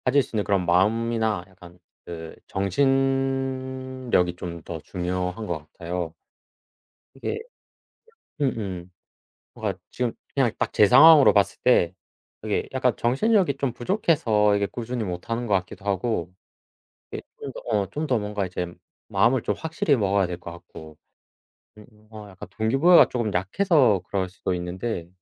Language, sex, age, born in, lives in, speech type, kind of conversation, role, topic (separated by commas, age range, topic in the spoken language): Korean, male, 25-29, South Korea, South Korea, conversation, unstructured, none, 운동할 때 가장 중요하게 생각하는 점은 무엇인가요?